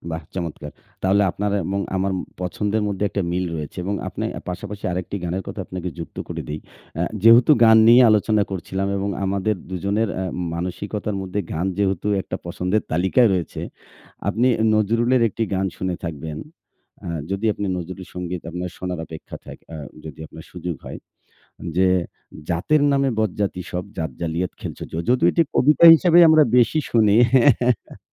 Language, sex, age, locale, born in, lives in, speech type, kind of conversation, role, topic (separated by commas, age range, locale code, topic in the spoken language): Bengali, male, 40-44, bn-BD, Bangladesh, Bangladesh, conversation, unstructured, none, আপনার প্রিয় বাংলা গান কোনটি, আর কেন?